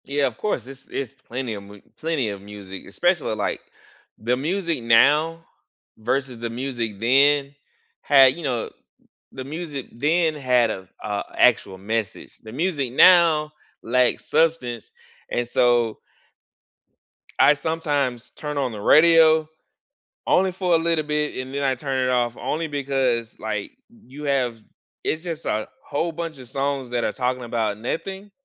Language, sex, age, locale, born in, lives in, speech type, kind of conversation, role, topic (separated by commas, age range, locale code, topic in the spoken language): English, male, 35-39, en-US, United States, United States, conversation, unstructured, none, Which songs instantly lift your mood, and why?